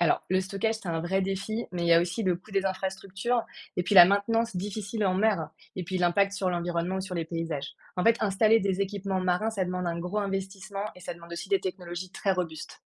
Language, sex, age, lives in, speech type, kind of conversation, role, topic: French, female, 30-34, France, read, expert-assistant, expert, Vaut-il mieux miser sur l’énergie des marées ou celle des vagues pour produire de l’électricité en France ?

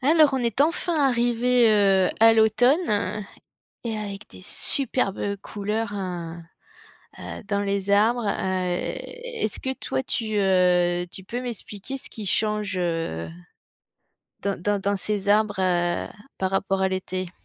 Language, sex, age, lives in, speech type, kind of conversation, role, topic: French, female, 50-54, France, conversation, podcast, host, Qu’est-ce qui provoque le changement de couleur des feuilles en automne ?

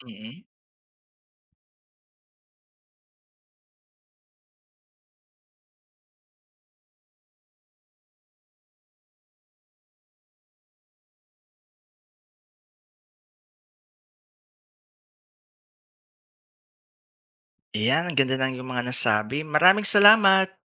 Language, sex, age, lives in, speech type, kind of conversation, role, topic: Filipino, male, 40-44, Philippines, read, expert-assistant, asker, Ano ang mga benepisyo ng pagtulong sa kapwa?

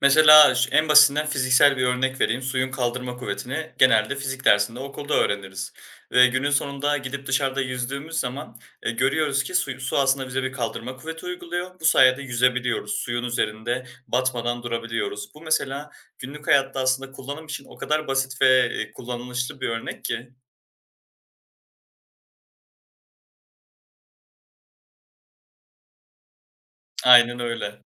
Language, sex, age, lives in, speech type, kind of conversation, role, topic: Turkish, male, 20-24, Germany, conversation, podcast, guest, Okulda öğrendiklerini gerçek hayata nasıl uyarlarsın?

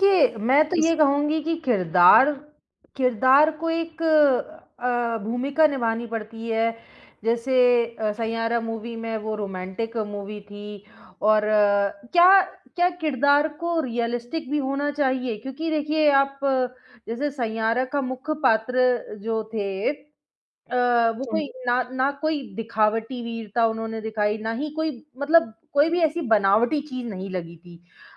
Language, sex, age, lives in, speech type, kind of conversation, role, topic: Hindi, female, 25-29, India, conversation, podcast, host, किस तरह का किरदार दर्शकों को सबसे ज़्यादा बाँधकर रखता है?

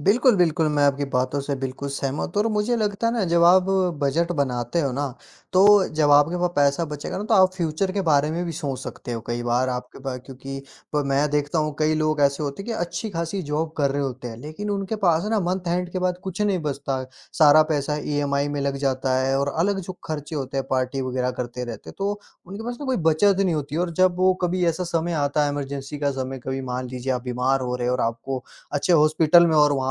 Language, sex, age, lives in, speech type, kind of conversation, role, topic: Hindi, female, 20-24, India, conversation, unstructured, none, आपके हिसाब से बजट बनाना क्यों ज़रूरी है?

